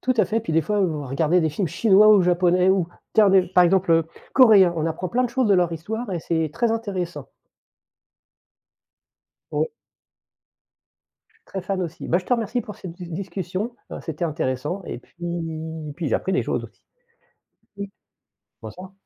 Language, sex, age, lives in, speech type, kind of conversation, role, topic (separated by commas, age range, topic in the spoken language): French, male, 50-54, France, conversation, unstructured, none, Comment l’histoire peut-elle nous aider à éviter de répéter les erreurs du passé ?